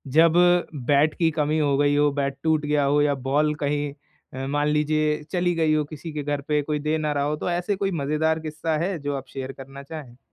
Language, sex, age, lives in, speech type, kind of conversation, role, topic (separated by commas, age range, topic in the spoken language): Hindi, male, 20-24, India, conversation, podcast, host, Street cricket ki yaadein tumhare liye kya matlab rakhti hain?